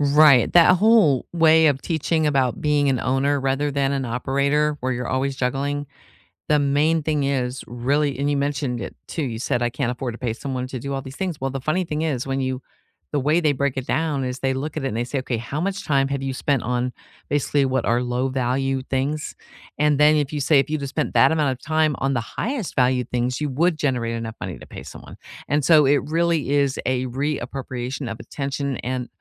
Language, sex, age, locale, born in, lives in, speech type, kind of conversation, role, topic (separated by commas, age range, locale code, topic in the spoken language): English, female, 55-59, en-US, United States, United States, conversation, unstructured, none, What personal habit are you building right now, why does it matter, and how can we support each other?